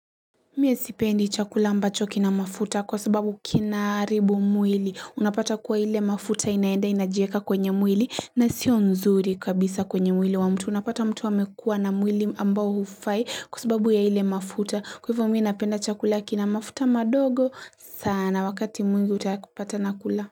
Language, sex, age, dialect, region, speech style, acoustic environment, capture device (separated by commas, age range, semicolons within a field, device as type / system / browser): Swahili, female, 18-24, Kenya - Mombasa, Kenya, happy; anxious, silence, mobile / Linux / Chrome